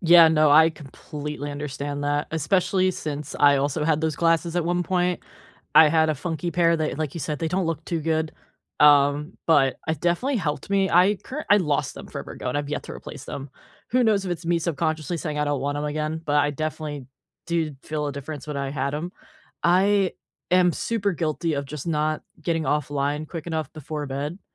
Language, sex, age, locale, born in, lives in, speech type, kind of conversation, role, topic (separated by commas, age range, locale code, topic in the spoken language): English, female, 25-29, en-US, United States, United States, conversation, unstructured, none, How can you balance tech, time, and sleep to feel more connected?